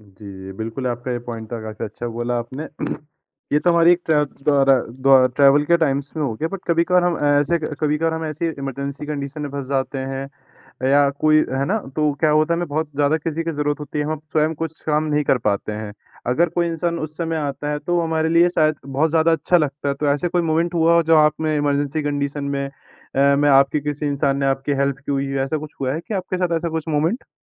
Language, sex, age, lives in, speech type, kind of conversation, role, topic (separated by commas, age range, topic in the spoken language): Hindi, male, 20-24, India, conversation, podcast, host, Woh kaunsa pal tha jab bilkul anjaan log bhi tumhe parivaar jaise lagne lage, aur phir kya hua?